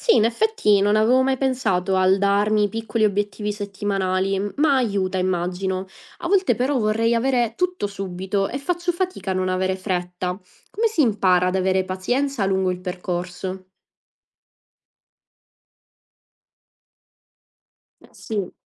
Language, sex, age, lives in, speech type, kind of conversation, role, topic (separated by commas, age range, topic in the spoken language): Italian, female, 25-29, Italy, read, expert-assistant, asker, Cosa significa davvero 'seguire una passione' nella scelta della carriera?